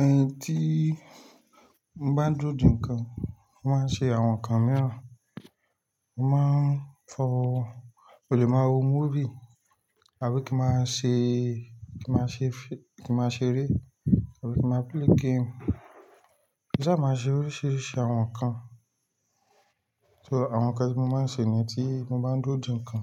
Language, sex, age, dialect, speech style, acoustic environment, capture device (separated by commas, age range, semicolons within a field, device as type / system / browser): Yoruba, male, 25-34, Nigeria - Lagos Yoruba, tired, silence, mobile / Linux / Chrome